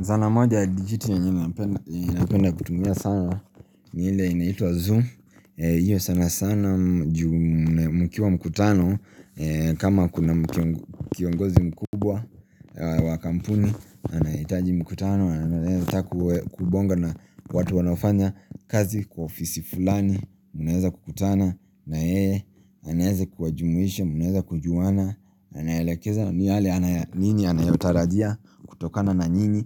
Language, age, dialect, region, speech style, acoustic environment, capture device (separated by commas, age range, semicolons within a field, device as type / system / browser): Swahili, 25-34, Kenya - Nairobi Sheng-influenced, Kenya, relaxed, nature, mobile / Linux / Chrome